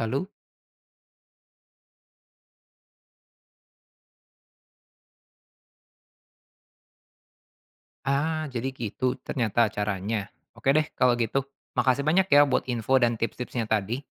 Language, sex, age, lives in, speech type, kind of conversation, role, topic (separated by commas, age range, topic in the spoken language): Indonesian, male, 25-29, Indonesia, read, expert-assistant, asker, Bagaimana cara mencegah dan mengatasi stres pada ternak agar hasil peternakan tetap optimal?